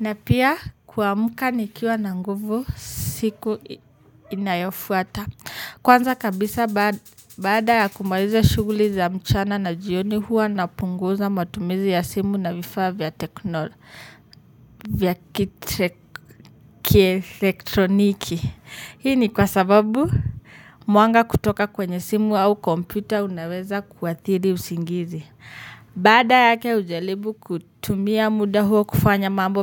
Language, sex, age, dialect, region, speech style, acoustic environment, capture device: Swahili, male, 25-34, Kenya - Nairobi Sheng-influenced, Kenya, focused, nature, mobile / Linux / Chrome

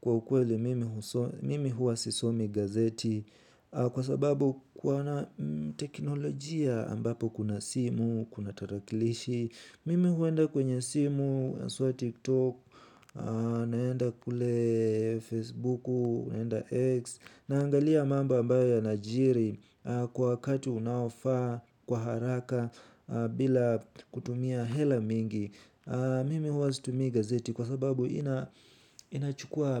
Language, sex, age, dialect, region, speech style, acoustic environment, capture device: Swahili, male, 18-24, Kenya - Nairobi Sheng-influenced, Kenya, focused, nature, mobile / Linux / Chrome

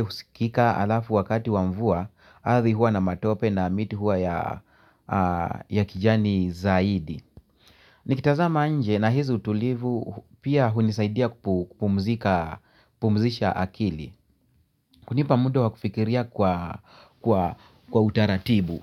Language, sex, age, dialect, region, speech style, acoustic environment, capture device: Swahili, male, 45-54, Kenya - Nairobi Sheng-influenced, Kenya, relaxed, music, mobile / Linux / Chrome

